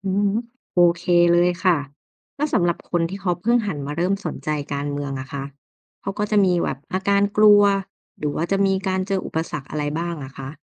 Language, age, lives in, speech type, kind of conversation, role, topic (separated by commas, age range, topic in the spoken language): Thai, 30-34, Thailand, read, expert-assistant, asker, คนที่บอกว่าไม่สนใจการเมือง จริง ๆ แล้วหมายถึงอะไรนะ?